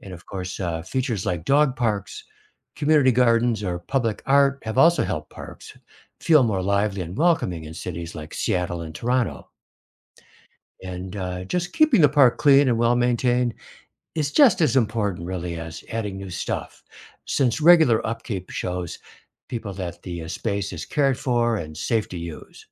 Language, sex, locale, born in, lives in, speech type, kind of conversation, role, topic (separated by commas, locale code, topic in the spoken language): English, male, en-US, United States, United States, read, expert-assistant, expert, What factors make public parks feel less safe?